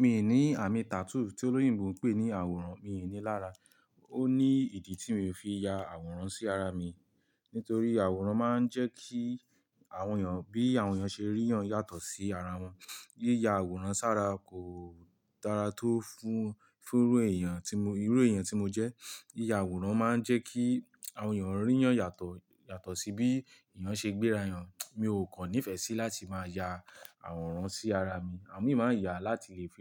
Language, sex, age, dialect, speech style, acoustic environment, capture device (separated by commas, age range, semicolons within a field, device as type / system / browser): Yoruba, male, 18-24, Nigeria - Ibadan, happy, music, mobile / Linux / Chrome